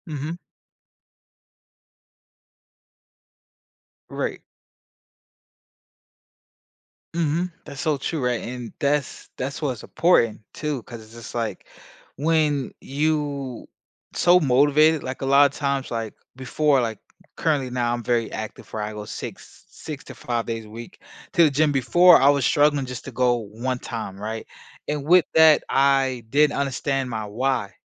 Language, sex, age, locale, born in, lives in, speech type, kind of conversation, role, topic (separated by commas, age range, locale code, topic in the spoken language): English, male, 25-29, en-US, United States, United States, conversation, podcast, guest, What should beginners focus on when starting an exercise routine?